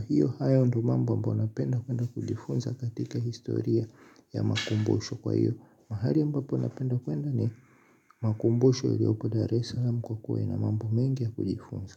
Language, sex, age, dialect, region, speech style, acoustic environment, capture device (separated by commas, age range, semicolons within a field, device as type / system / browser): Swahili, male, 18-24, Tanzania - Dar es Salaam, Tanzania, happy; excited, silence, mobile / Linux / Chrome